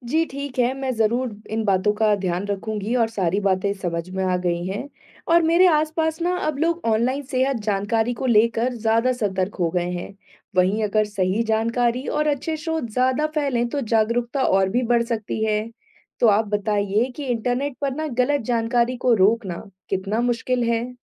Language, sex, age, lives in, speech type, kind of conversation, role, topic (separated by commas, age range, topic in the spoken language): Hindi, female, 25-29, India, read, expert-assistant, asker, मानव शरीर से जुड़ी जानकारी इंटरनेट पर बहुत मिलती है, पर कैसे पहचाने कि कौन सी जानकारी सही है और कौन सी नहीं?